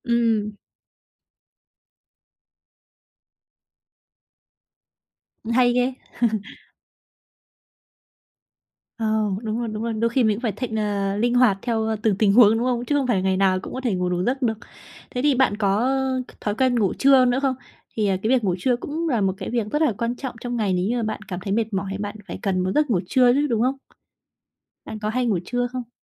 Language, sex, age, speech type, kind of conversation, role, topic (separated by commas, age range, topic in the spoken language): Vietnamese, female, 20-24, conversation, podcast, host, Những thói quen trước khi ngủ nào giúp bạn bớt lo lắng?